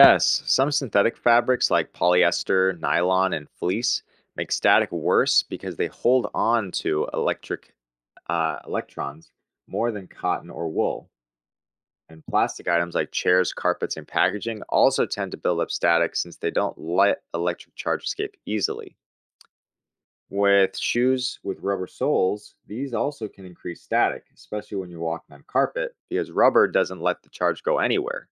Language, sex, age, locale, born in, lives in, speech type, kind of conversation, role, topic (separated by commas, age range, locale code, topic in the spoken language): English, male, 25-29, en-US, United States, United States, read, expert-assistant, expert, What is static electricity and how does it form?